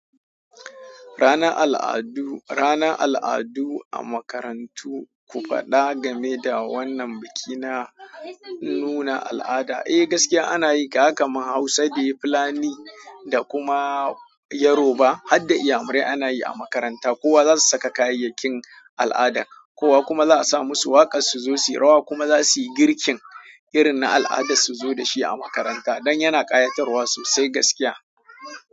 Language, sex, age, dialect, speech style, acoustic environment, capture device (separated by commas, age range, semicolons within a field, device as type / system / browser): Hausa, male, 25-34, Nigeria - Kano, happy; excited, people talking; silence, mobile / Android / Chrome